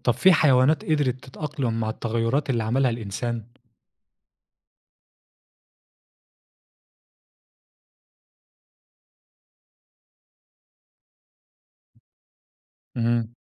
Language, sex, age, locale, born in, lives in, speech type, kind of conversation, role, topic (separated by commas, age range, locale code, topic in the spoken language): Arabic, male, 25-29, ar-EG, Egypt, Egypt, read, expert-assistant, asker, مين اللي كان له دور أكبر في شكل الحيوانات اللي حوالينا: الظروف اللي في الطبيعة ولا التغيرات اللي بتحصل في جسمهم؟